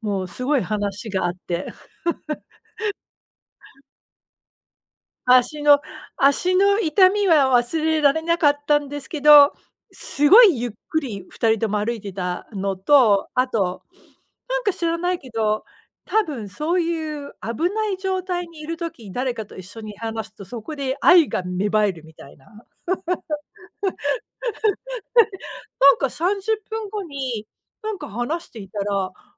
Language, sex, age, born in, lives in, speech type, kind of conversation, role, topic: Japanese, female, 40-44, United States, United States, conversation, unstructured, none, 自然の中で過ごす休日はどんな感じですか？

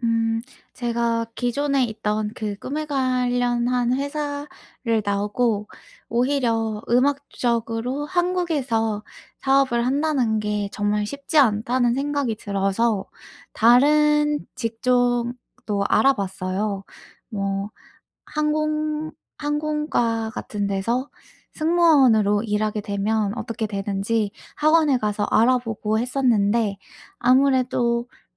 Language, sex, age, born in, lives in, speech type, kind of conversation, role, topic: Korean, female, 25-29, South Korea, United States, conversation, advice, user, 다가오는 큰 변화가 불안한데, 지금 어떻게 준비하면 좋을까요?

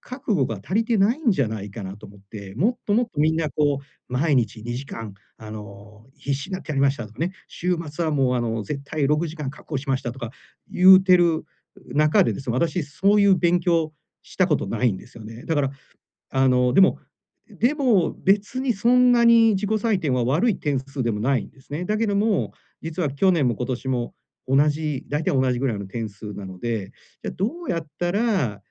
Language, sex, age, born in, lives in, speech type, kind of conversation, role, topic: Japanese, male, 45-49, Japan, Japan, conversation, advice, user, 習慣を継続するための責任体制をどう作ればよいですか？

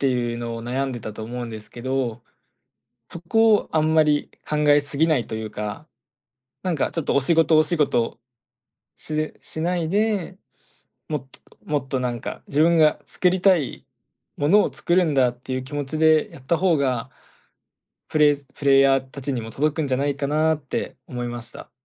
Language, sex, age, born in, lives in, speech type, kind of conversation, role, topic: Japanese, male, 20-24, Japan, Japan, conversation, advice, advisor, 仕事を先延ばししてしまう癖について教えていただけますか？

